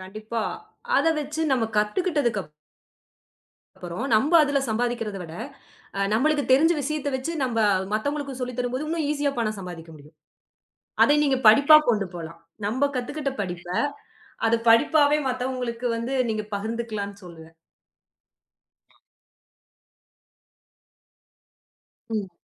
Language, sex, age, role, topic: Tamil, female, 30-34, guest, படிப்பிற்கு பணம் இல்லாமல் கற்றுக்கொள்ள நீ என்ன செய்வாய்?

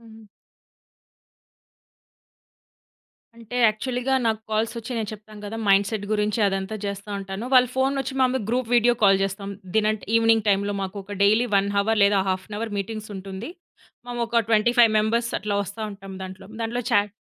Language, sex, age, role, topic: Telugu, female, 30-34, guest, రాత్రి ఫోన్ వాడకం మీ నిద్రను ఎలా ప్రభావితం చేసింది?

